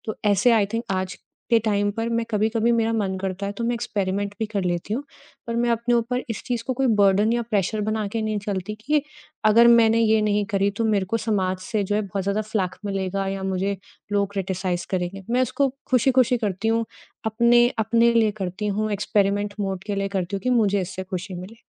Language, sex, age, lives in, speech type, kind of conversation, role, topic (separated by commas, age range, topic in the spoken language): Hindi, female, 30-34, India, conversation, podcast, guest, Ghar ke khane aur parivaarik recipes se sanskaar aur moolya kaise aage badhte hain?